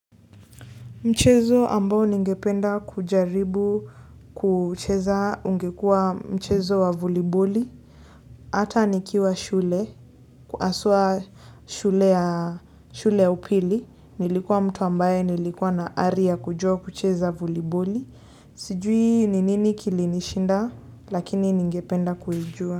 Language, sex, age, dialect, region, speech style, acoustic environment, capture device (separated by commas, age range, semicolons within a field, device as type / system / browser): Swahili, female, 18-24, Kenya - Nairobi Sheng-influenced, Kenya, relaxed, silence, desktop / Linux / Chrome